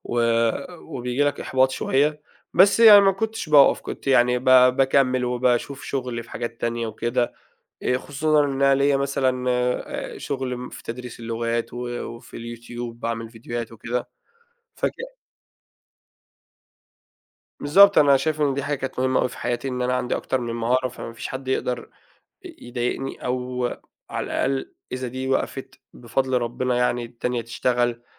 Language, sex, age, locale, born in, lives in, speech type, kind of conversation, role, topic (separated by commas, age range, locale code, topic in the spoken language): Arabic, male, 30-34, ar-EG, Saudi Arabia, Egypt, conversation, podcast, guest, احكيلي عن مرة فشلت فيها وإزاي المرشد بتاعك ساعدك تقوم تاني؟